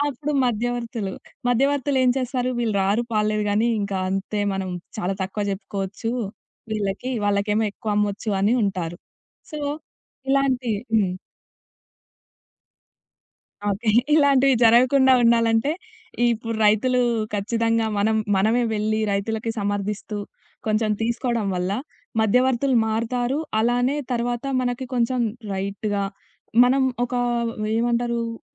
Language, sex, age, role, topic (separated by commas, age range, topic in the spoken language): Telugu, female, 20-24, guest, రైతులకు సమర్థవంతంగా మద్దతు ఇవ్వడానికి వినియోగదారులు ఏమి చేయగలరు?